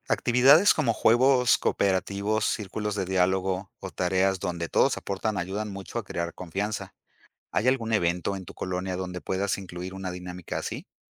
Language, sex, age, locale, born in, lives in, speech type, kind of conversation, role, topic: Spanish, male, 50-54, es-MX, Mexico, Mexico, read, expert-assistant, expert, ¿Cómo lograr que los vecinos trabajen juntos para mejorar nuestro entorno?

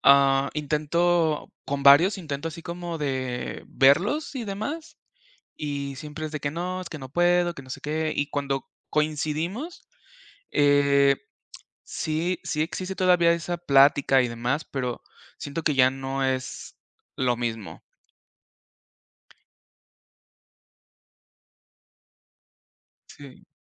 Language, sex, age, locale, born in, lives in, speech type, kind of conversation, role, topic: Spanish, male, 35-39, es-MX, Mexico, Mexico, conversation, unstructured, none, ¿Cómo pasas tiempo con tus amigos?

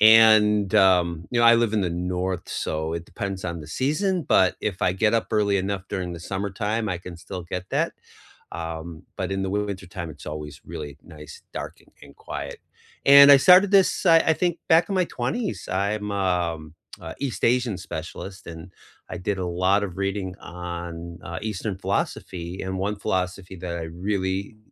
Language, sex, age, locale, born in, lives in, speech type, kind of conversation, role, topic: English, male, 55-59, en-US, United States, United States, conversation, unstructured, none, What small morning rituals lift your mood, and how did they become meaningful to you?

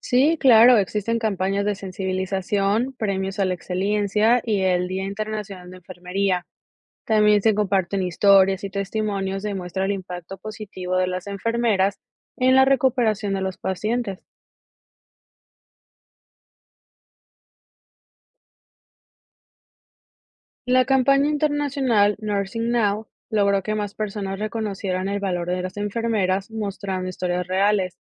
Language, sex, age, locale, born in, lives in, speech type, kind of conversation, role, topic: Spanish, female, 25-29, es-MX, Mexico, United States, read, expert-assistant, expert, ¿Qué pasaría si, por un día, todas las enfermeras desaparecieran de los hospitales?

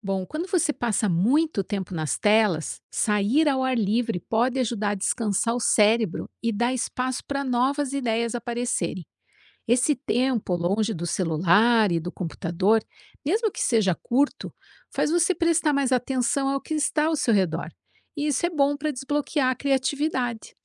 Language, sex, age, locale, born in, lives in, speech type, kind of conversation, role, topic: Portuguese, female, 50-54, pt-BR, Brazil, United States, read, expert-assistant, expert, Como a prática de atividades ao ar livre pode estimular a criatividade?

